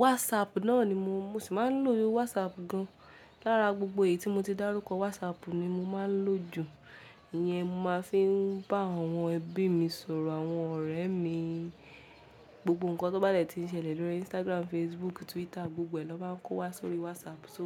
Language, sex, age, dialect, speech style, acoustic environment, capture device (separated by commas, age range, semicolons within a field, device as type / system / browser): Yoruba, female, 18-24, Nigeria - Ibadan, relaxed; focused, music; people talking; nature; silence; appliances, mobile / Linux / Chrome